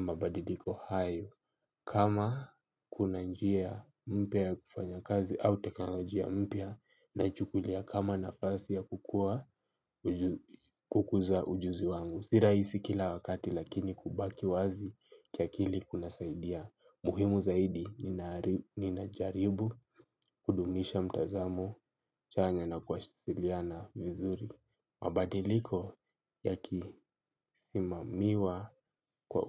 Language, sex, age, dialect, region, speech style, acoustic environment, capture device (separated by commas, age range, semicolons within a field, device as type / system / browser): Swahili, male, 18-24, Kenya - Nairobi Sheng-influenced, Kenya, excited, silence, mobile / Linux / Chrome